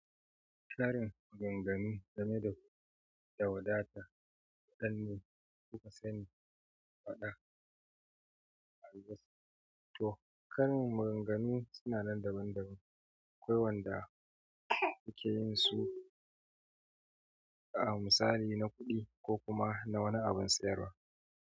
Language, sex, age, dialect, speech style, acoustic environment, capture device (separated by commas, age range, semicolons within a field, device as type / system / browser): Hausa, male, 35-44, Nigeria - Kano, happy; excited, nature, mobile / Android / Chrome